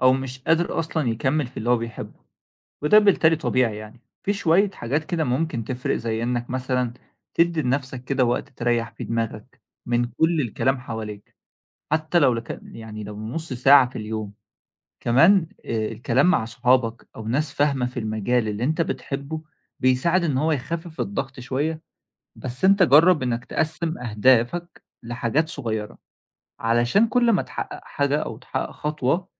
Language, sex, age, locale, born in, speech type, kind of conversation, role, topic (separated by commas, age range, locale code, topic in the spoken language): Arabic, male, 20-24, ar-EG, Egypt, read, expert-assistant, expert, إزاي أتعامل مع ضغط العيلة لو عايز أغير شغلي علشان شغفي؟